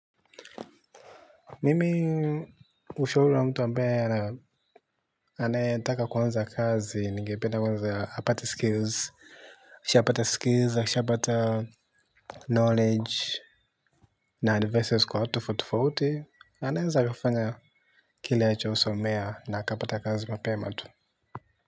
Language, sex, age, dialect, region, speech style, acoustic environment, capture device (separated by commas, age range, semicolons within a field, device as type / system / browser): Swahili, male, 18-24, Tanzania - Dar es Salaam, Tanzania, happy; relaxed; excited; focused, silence, mobile / Linux / Chrome